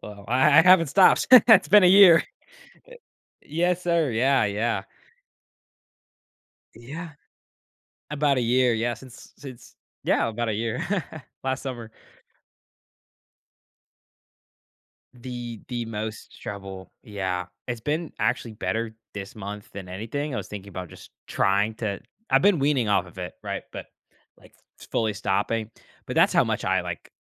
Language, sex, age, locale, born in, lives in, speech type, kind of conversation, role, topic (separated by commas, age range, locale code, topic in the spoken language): English, male, 18-19, en-US, United States, United States, conversation, podcast, guest, In what ways does getting good sleep contribute to your overall health and well-being?